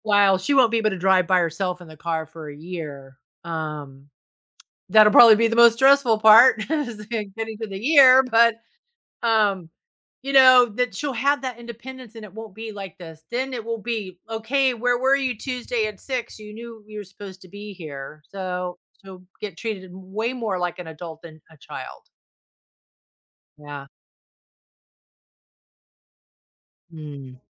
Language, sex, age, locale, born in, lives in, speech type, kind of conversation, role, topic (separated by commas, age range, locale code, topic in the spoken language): English, female, 55-59, en-US, United States, United States, conversation, advice, user, How can I balance family time and work during busy periods so I don't feel guilty?